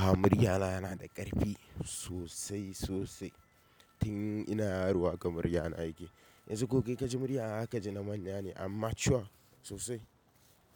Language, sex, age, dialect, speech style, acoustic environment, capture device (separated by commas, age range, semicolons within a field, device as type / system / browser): Hausa, male, 18-24, Nigeria - Kano, tired, people talking, mobile / macOS / Safari